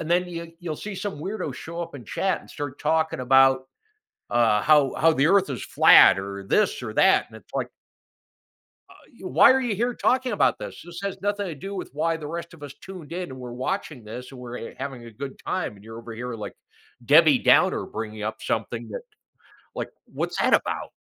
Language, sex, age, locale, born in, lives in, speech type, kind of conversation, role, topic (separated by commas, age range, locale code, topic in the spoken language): English, male, 55-59, en-US, United States, United States, conversation, podcast, guest, How has technology changed the way we form and maintain friendships?